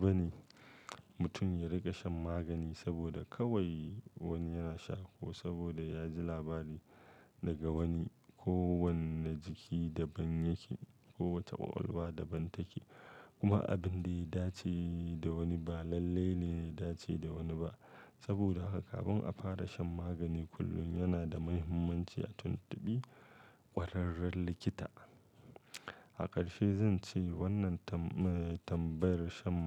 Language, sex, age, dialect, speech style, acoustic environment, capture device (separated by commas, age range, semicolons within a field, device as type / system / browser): Hausa, male, 35-44, Nigeria - Kano, focused, silence, mobile / Linux / Chrome